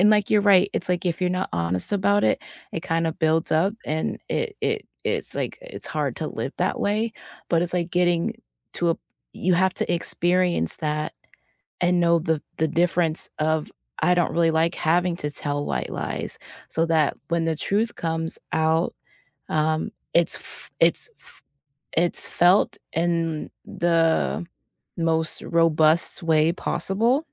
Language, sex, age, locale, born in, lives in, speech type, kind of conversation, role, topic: English, female, 30-34, en-US, United States, United States, conversation, unstructured, none, Do white lies preserve relationships more effectively than always insisting on brutal honesty?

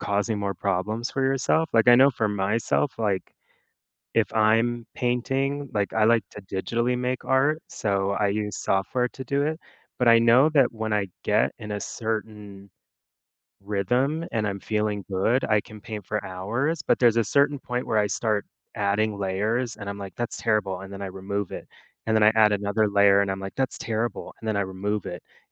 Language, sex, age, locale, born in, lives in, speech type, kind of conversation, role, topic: English, male, 30-34, en-US, United States, United States, conversation, podcast, host, What helps you keep going when progress is slower than you hoped?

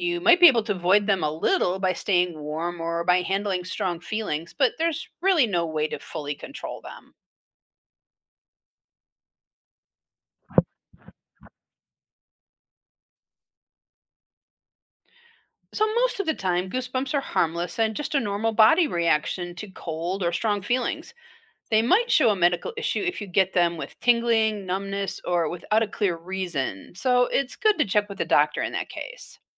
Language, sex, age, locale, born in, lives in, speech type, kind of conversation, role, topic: English, female, 60-64, en-US, United States, United States, read, expert-assistant, expert, Why do we get goosebumps?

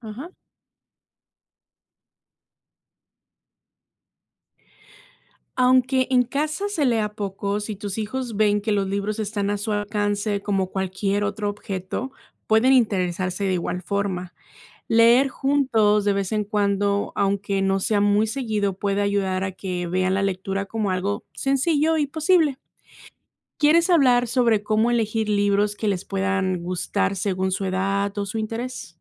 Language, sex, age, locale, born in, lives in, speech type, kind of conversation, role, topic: Spanish, female, 30-34, es-MX, Mexico, United States, read, expert-assistant, expert, ¿Por qué es esencial fomentar la lectura en la infancia?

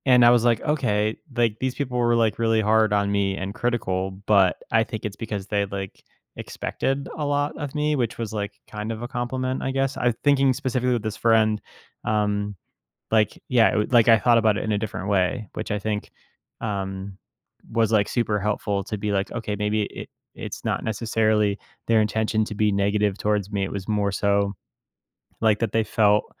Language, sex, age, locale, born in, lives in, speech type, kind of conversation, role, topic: English, male, 30-34, en-US, United States, United States, conversation, podcast, host, What are some effective ways to break the cycle of negative self-talk?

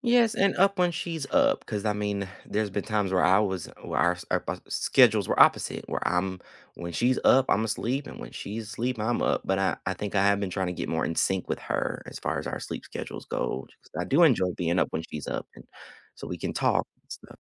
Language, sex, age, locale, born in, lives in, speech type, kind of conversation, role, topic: English, male, 25-29, en-US, United States, United States, conversation, unstructured, none, What are some simple ways you check in on your mental health and feel more connected?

